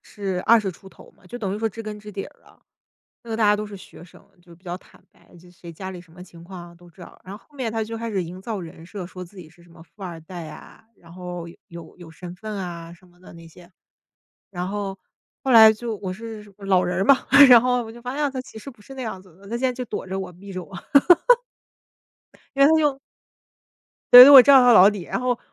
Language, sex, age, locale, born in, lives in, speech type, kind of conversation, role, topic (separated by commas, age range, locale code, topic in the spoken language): Chinese, female, 30-34, zh-CN, China, United States, conversation, unstructured, none, 你觉得怎样才算是好的朋友？